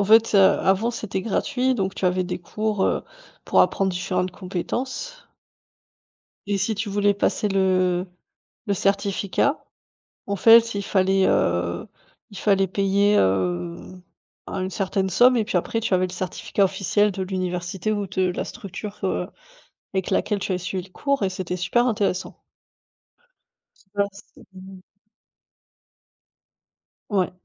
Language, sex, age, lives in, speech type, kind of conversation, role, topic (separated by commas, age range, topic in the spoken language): French, female, 30-34, Germany, conversation, unstructured, none, Comment la technologie change-t-elle notre façon d’apprendre aujourd’hui ?